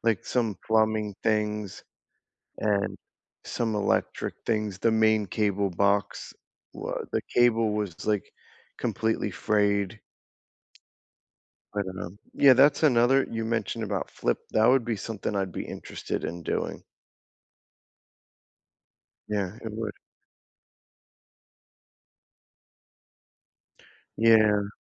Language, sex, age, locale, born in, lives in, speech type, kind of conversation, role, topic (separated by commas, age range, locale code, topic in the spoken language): English, male, 35-39, en-US, United States, United States, conversation, unstructured, none, What goal makes you genuinely happy to think about?